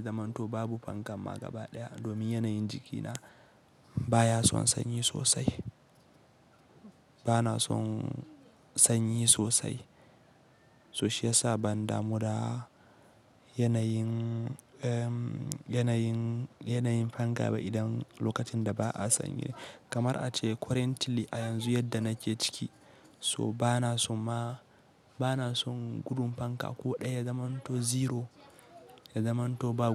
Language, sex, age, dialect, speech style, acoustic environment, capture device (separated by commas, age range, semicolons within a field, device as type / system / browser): Hausa, male, 18-24, Nigeria - Kano, happy, silence, mobile / Linux / Chrome